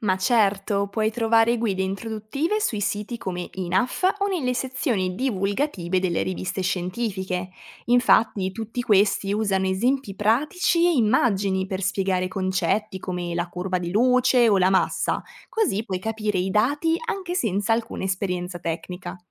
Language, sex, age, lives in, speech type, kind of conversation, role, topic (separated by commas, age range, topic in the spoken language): Italian, female, 20-24, Italy, read, expert-assistant, expert, Come riconoscere fonti affidabili sulle scoperte di nuovi pianeti?